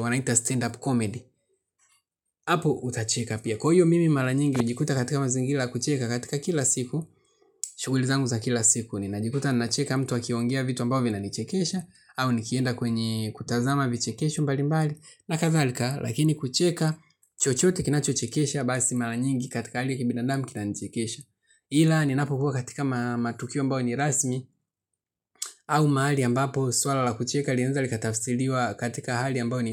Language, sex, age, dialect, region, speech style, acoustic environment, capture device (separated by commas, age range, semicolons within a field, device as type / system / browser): Swahili, male, 25-34, Tanzania - Dar es Salaam, Tanzania, relaxed, silence, mobile / Linux / Chrome